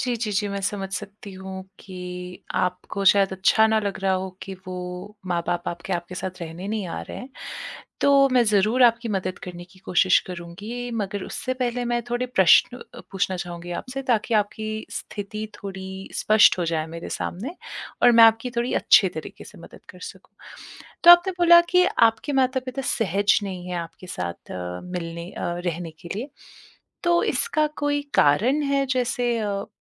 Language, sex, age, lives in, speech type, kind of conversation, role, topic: Hindi, female, 30-34, India, conversation, advice, advisor, माता-पिता की बढ़ती उम्र में उनकी देखभाल के निर्णय का तनाव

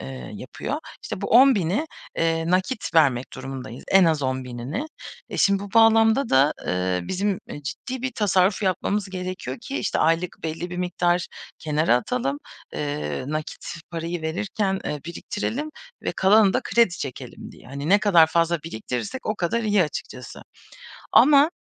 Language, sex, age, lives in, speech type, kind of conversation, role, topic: Turkish, female, 30-34, Germany, conversation, advice, user, Büyük bir satın alma için tasarruf planı yaparken en çok hangi konularda zorlanıyorsunuz?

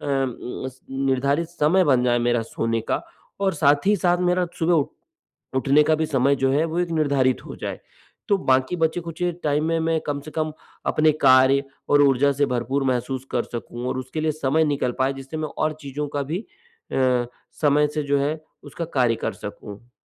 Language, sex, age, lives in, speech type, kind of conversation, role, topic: Hindi, male, 45-49, India, conversation, advice, user, मुझे रोज़ एक ही समय पर सोना मुश्किल क्यों लगता है?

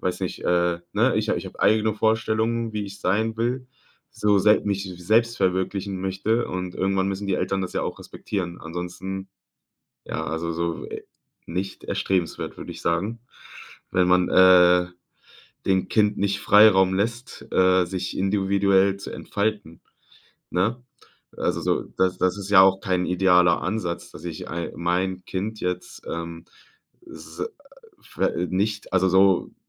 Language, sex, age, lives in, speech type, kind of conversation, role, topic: German, male, 25-29, Germany, conversation, podcast, guest, Wie würdest du deinen persönlichen Stil beschreiben?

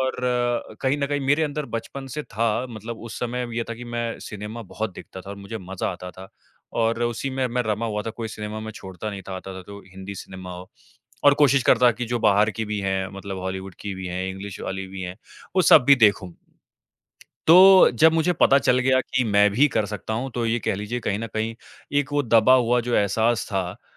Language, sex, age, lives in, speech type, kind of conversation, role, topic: Hindi, male, 25-29, India, conversation, podcast, guest, आपने पहली बार कब कोई बड़ा जोखिम लिया था, और तब क्या हुआ था?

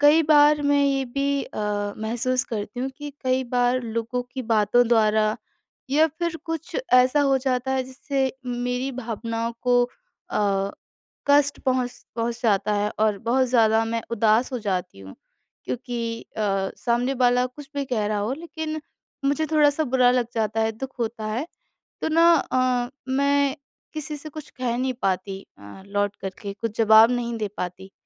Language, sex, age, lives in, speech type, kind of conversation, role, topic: Hindi, female, 25-29, India, conversation, advice, user, दुख होने पर आप अपनी भावनाएँ दबाकर लोगों से दूर क्यों हो जाते हैं?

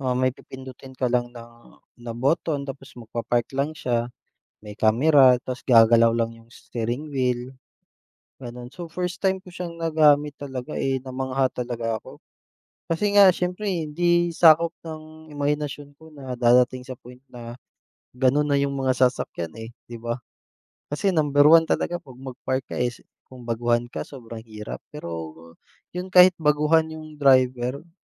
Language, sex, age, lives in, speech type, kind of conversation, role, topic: Filipino, male, 30-34, Philippines, conversation, unstructured, none, Anong bagong teknolohiya ang gusto mong subukan?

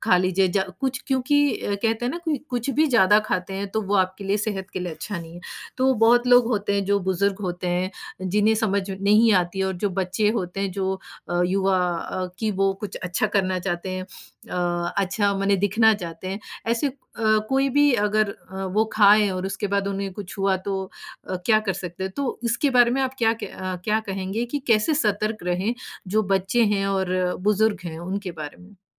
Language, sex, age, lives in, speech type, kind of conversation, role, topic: Hindi, female, 40-44, United States, conversation, podcast, host, अगर आपको कोई गलत या भटकाने वाली खबर मिले, तो आप उसकी सच्चाई कैसे जाँचते हैं?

